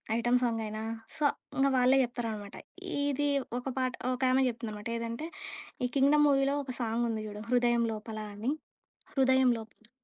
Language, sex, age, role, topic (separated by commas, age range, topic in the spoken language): Telugu, female, 25-29, guest, కొత్త పాటలు ఎంచుకునేటప్పుడు రేడియో, యూట్యూబ్, స్నేహితుల సలహా—వీటిలో మీరు ఎక్కువగా ఎవరి మాటను నమ్ముతారు?